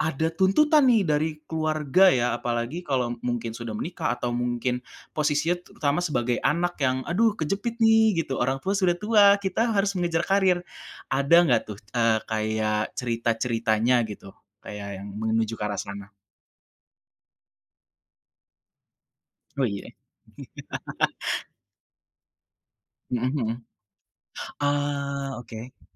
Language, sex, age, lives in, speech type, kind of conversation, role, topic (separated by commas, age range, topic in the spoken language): Indonesian, male, 25-29, Indonesia, conversation, podcast, host, Bagaimana kamu menyeimbangkan keluarga dan karier?